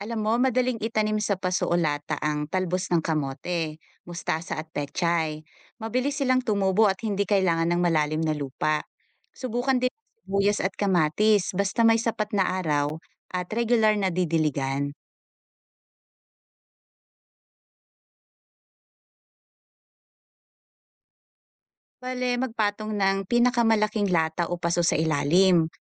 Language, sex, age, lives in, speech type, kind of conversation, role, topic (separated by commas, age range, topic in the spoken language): Filipino, female, 40-44, Philippines, read, expert-assistant, expert, May mga alternatibong teknolohiya ba na puwedeng subukan ng mga magsasaka para tumaas ang ani kahit maliit lang ang puhunan?